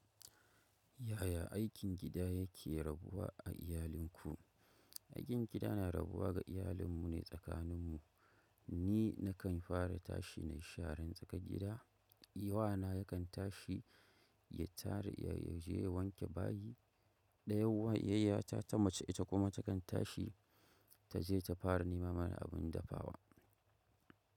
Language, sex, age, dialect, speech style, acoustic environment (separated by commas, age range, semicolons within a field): Hausa, male, 25-34, Nigeria - Kano, focused, nature